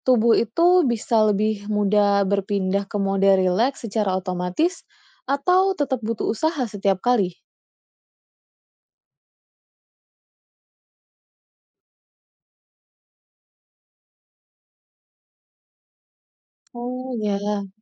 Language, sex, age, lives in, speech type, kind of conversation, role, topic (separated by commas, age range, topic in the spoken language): Indonesian, female, 30-34, Indonesia, read, expert-assistant, asker, Bagaimana tubuh kita bereaksi saat menghadapi situasi menegangkan, dan apa yang sebenarnya terjadi di dalam tubuh kita?